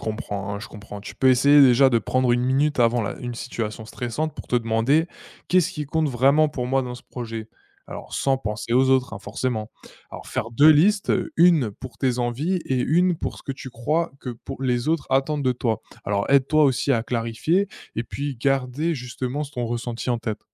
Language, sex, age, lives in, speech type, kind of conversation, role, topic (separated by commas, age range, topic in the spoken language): French, male, 20-24, France, read, expert-assistant, expert, Comment savoir si je choisis une carrière parce que ça me plaît ou parce que c’est valorisé autour de moi ?